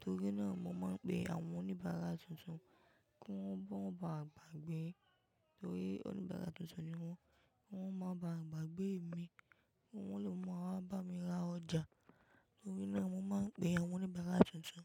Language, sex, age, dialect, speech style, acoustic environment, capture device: Yoruba, female, 18-24, Nigeria - Ibadan, relaxed, people talking, mobile / macOS / Safari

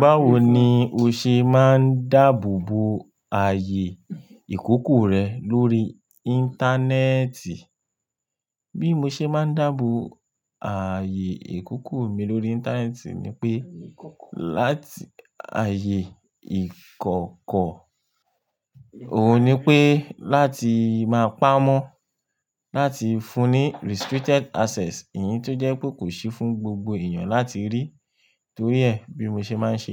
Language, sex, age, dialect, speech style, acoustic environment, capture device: Yoruba, male, 25-34, Nigeria - Lagos Yoruba, relaxed, people talking, mobile / Linux / Chrome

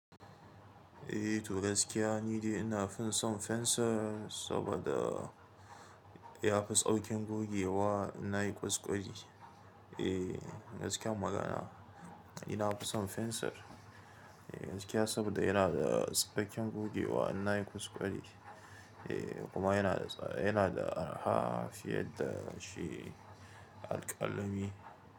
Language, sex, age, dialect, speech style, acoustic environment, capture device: Hausa, male, 25-34, Nigeria - Kano, happy, silence, mobile / Linux / Chrome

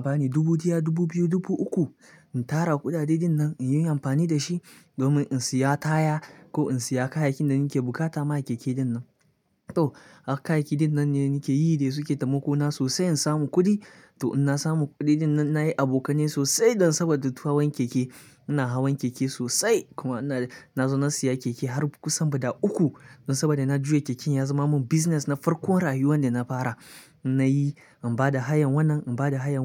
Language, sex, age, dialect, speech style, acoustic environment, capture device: Hausa, male, 25-34, Nigeria - Kano, relaxed, silence, mobile / Linux / Chrome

